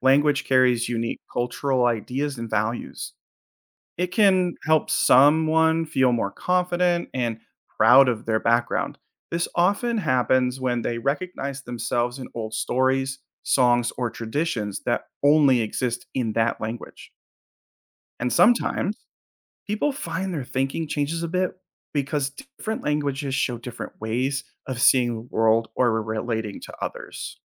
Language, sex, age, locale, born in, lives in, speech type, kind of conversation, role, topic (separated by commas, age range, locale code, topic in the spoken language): English, male, 30-34, en-US, United States, United States, read, expert-assistant, expert, What are the benefits of preserving endangered languages?